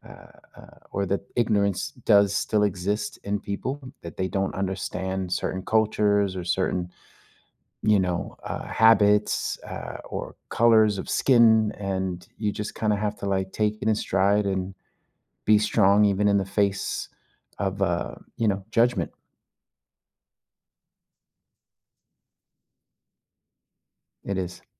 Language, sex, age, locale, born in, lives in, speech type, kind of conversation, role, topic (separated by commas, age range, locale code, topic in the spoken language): English, male, 45-49, en-US, United States, United States, conversation, unstructured, none, What is your perspective on workplace discrimination?